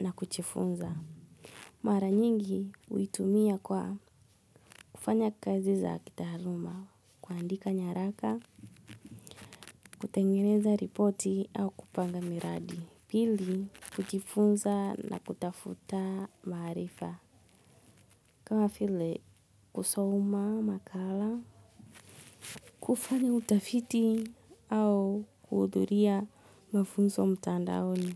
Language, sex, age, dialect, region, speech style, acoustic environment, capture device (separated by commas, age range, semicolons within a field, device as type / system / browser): Swahili, female, 25-34, Kenya - Nairobi Sheng-influenced, Kenya, relaxed, silence, mobile / Linux / Edge